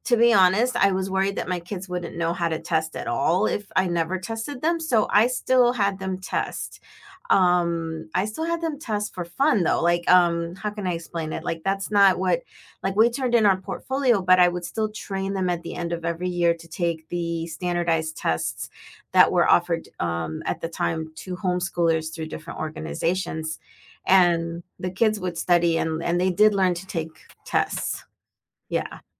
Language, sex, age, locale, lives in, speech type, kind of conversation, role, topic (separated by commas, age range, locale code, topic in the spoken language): English, female, 50-54, en-US, United States, conversation, unstructured, none, Do tests truly reflect a student's abilities and potential?